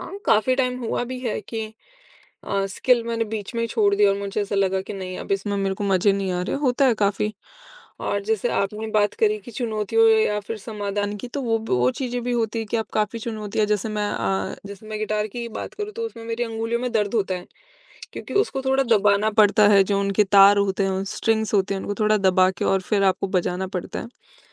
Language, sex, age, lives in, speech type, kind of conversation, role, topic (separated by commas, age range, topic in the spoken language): Hindi, female, 25-29, India, conversation, podcast, guest, आप किसी नई कौशल की आदत कैसे बनाते हैं?